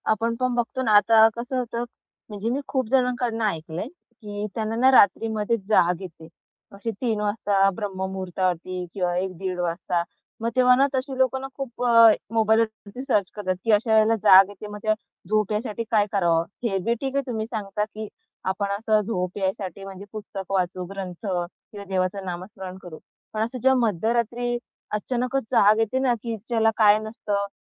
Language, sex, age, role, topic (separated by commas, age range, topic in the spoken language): Marathi, female, 25-29, host, रात्री झोपण्यापूर्वी तुमच्या घरात कोणती परंपरा पाळली जात असे?